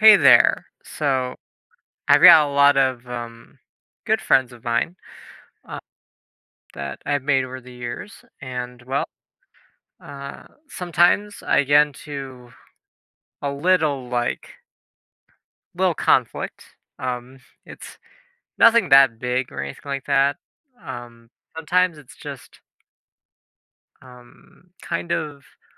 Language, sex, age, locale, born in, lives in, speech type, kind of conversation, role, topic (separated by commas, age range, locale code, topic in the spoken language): English, male, 20-24, en-US, United States, United States, conversation, advice, user, How should I handle feelings after a small argument?